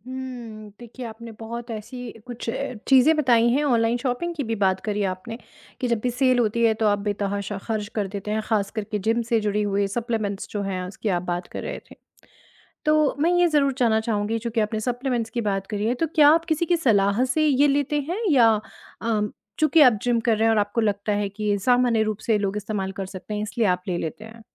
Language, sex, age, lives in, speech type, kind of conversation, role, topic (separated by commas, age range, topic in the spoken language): Hindi, female, 35-39, India, conversation, advice, advisor, मैं अपने मासिक बजट को नज़रअंदाज़ करके बार-बार ज़रूरत से ज़्यादा खर्च क्यों कर देता/देती हूँ?